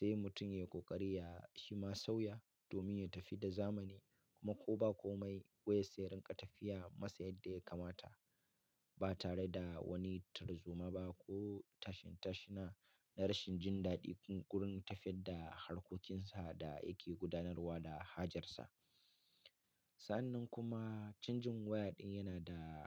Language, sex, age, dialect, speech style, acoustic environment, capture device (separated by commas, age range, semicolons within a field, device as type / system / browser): Hausa, male, 35-44, Nigeria - Katsina, relaxed; happy; focused; excited, nature; silence, mobile / Linux / Chrome